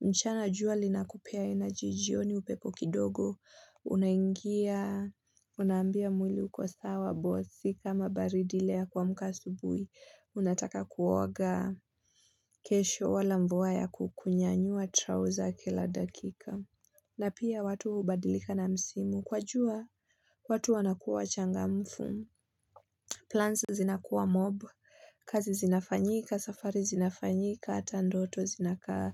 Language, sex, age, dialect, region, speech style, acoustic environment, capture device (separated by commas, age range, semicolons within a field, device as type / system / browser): Swahili, female, 25-34, Kenya - Nairobi Sheng-influenced, Kenya, excited, silence, mobile / Linux / Chrome